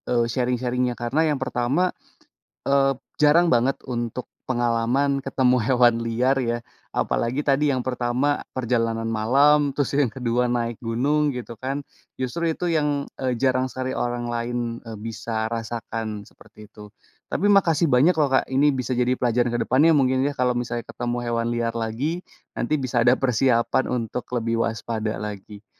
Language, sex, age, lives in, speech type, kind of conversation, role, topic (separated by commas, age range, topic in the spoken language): Indonesian, male, 30-34, Indonesia, conversation, podcast, host, Pernahkah kamu bertemu satwa liar saat sedang berjalan-jalan, dan bagaimana reaksimu saat itu?